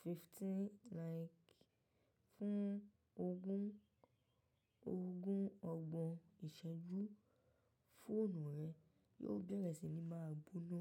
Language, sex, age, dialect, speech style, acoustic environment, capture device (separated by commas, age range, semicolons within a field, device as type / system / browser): Yoruba, female, 18-24, Nigeria - Ibadan, relaxed, nature, mobile / macOS / Safari